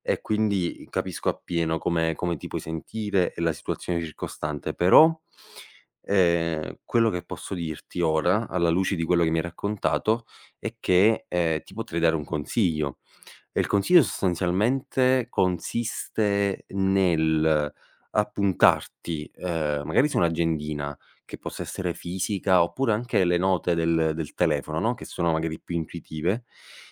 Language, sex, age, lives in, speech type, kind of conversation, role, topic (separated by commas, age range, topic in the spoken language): Italian, male, 25-29, Italy, conversation, advice, advisor, Perché faccio fatica a mantenere una routine di allenamento anche se vorrei essere più attivo?